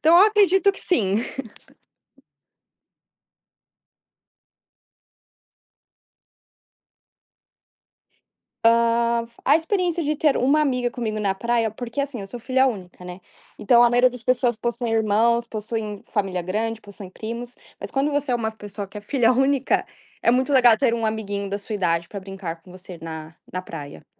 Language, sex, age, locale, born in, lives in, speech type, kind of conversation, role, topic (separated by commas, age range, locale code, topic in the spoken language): Portuguese, female, 20-24, pt-BR, Brazil, United States, conversation, podcast, guest, Qual foi a sua primeira experiência marcante na praia?